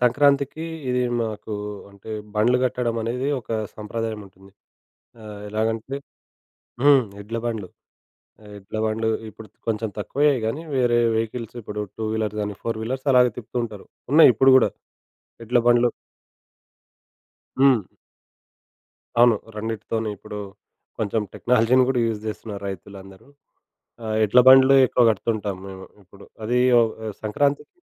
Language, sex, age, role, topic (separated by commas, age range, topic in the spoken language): Telugu, male, 25-29, guest, మీ చిన్నప్పట్లో మీకు అత్యంత ఇష్టమైన ఆట ఏది?